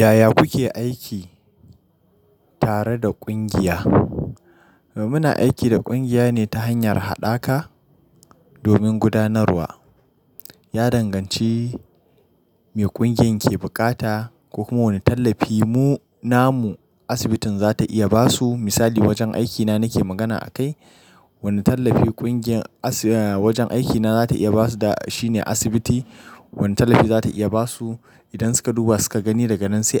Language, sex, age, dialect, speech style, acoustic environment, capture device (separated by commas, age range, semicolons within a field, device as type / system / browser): Hausa, male, 25-34, Nigeria - Nigerian Standard, happy; excited; focused, nature; appliances; silence, mobile / Linux / Chrome